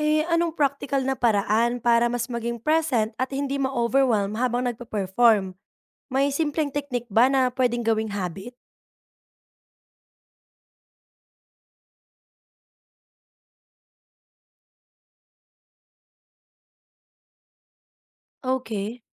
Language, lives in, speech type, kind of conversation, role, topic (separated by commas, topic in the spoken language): Filipino, Philippines, read, expert-assistant, asker, Paano mo mahahanap ang iyong natatanging boses o istilo bilang performer?